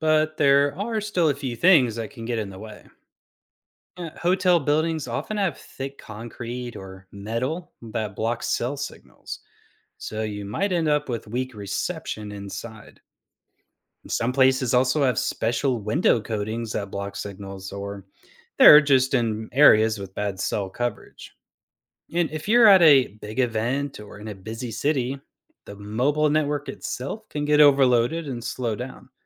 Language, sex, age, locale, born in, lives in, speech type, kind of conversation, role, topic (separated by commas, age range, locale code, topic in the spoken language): English, male, 35-39, en-US, United States, United States, read, expert-assistant, expert, Why do some hotels have consistently poor Wi-Fi, and what could be causing it during my stay?